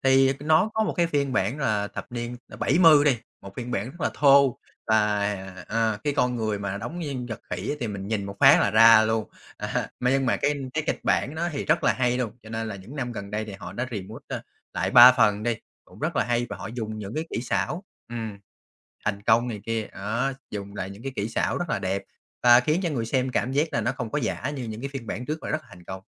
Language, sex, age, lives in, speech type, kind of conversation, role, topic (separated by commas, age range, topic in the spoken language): Vietnamese, male, 30-34, Vietnam, conversation, podcast, guest, Bạn nghĩ gì về trào lưu phim làm lại và khởi động lại dạo này?